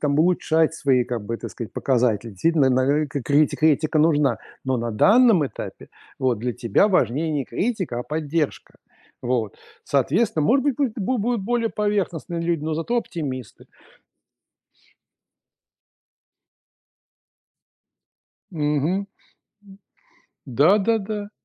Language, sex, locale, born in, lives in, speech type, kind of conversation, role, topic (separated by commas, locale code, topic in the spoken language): Russian, male, ru-RU, Russia, United States, conversation, advice, advisor, Почему я постоянно корю и критикую себя?